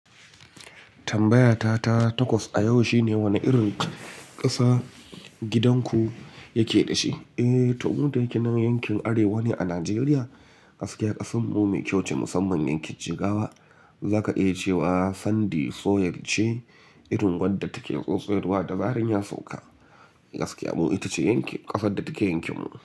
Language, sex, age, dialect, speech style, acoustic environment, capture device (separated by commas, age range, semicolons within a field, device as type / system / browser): Hausa, male, 25-34, Nigeria - Kano, relaxed, silence, mobile / Linux / Chrome